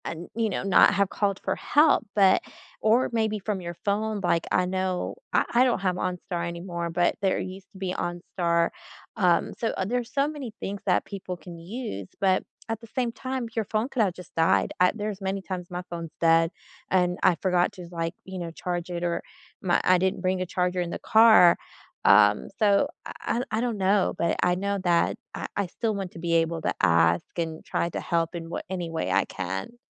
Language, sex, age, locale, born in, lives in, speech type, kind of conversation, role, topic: English, female, 35-39, en-US, United States, United States, conversation, unstructured, none, What’s a kindness from a stranger you’ll never forget, and how did it shape you?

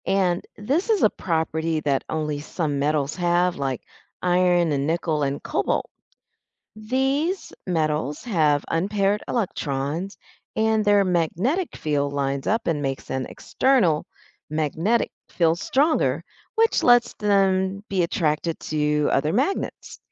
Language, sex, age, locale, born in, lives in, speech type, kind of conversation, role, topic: English, female, 50-54, en-US, United States, United States, read, expert-assistant, expert, How do magnets attract certain materials?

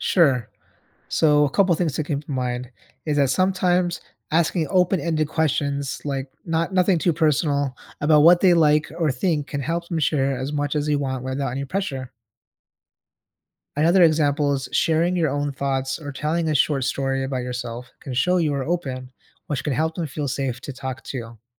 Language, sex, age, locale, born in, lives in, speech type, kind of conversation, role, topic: English, male, 30-34, en-US, United States, United States, read, expert-assistant, expert, How do you build trust with someone new in your life?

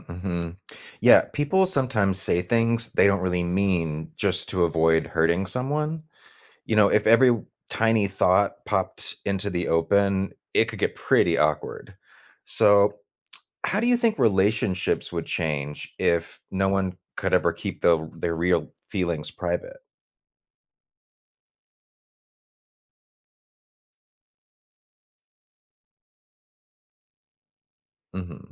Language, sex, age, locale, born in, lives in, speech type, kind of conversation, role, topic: English, male, 40-44, en-US, United States, United States, read, expert-assistant, asker, What would life be like if everyone could hear your thoughts?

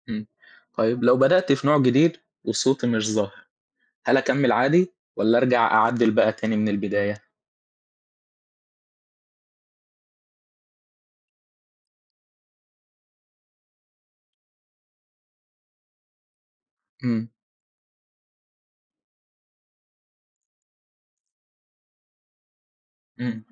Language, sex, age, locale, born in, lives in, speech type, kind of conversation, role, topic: Arabic, male, 18-19, ar-EG, Egypt, Egypt, read, expert-assistant, asker, إزاي أطور أسلوبي في الكتابة الإبداعية وأخلي عندي صوت مميز يبان في كل اللي بكتبه؟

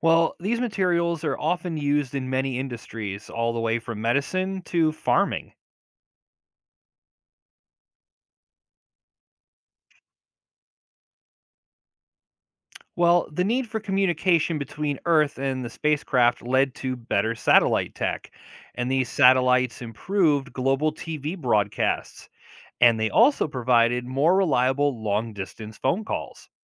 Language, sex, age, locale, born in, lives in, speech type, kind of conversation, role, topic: English, male, 40-44, en-US, United States, United States, read, expert-assistant, expert, What is the significance of the moon landing in technology advancement?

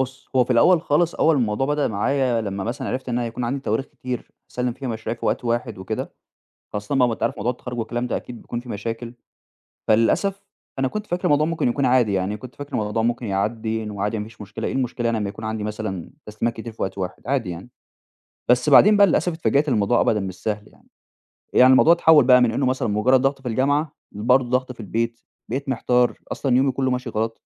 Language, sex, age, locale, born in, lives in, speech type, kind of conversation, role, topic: Arabic, male, 20-24, ar-EG, Egypt, Egypt, conversation, advice, user, إزاي بتتصرف لما تلاقي كذا موعد تسليم متكدّس عليك في نفس الوقت؟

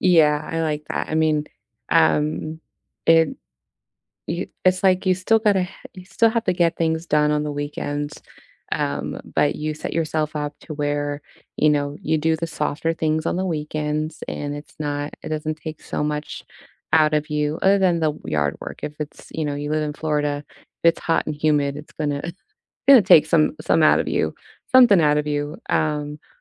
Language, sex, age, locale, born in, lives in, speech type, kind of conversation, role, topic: English, female, 30-34, en-US, United States, United States, conversation, unstructured, none, What does your ideal lazy Sunday look like from start to finish?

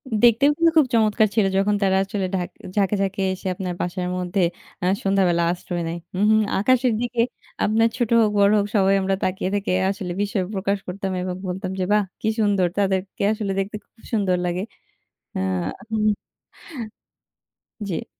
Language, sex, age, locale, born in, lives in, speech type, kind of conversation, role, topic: Bengali, female, 20-24, bn-BD, Bangladesh, Bangladesh, conversation, unstructured, none, পাখিদের বাসস্থান ধ্বংস হওয়ার কথা ভাবলে আপনার কেমন লাগে?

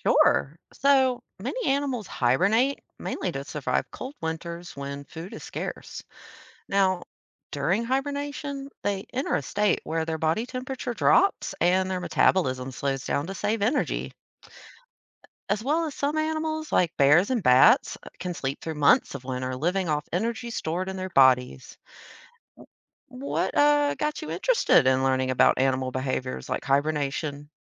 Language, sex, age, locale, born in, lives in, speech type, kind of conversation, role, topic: English, female, 30-34, en-US, United States, United States, read, expert-assistant, expert, How do animals adapt to survive seasonal changes?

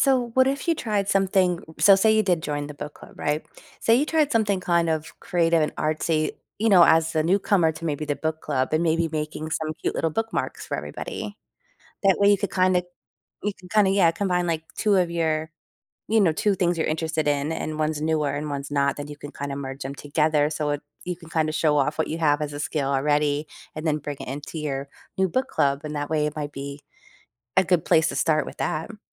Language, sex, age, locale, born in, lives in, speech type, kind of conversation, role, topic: English, female, 40-44, en-US, United States, United States, conversation, advice, advisor, How can I find a new hobby?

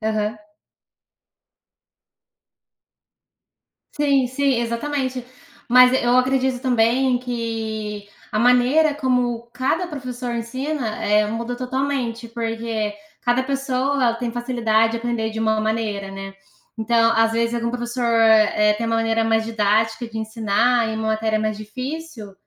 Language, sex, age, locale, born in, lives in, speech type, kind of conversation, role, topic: Portuguese, female, 25-29, pt-BR, Brazil, United States, conversation, unstructured, none, Você acredita que os professores recebem o reconhecimento que merecem?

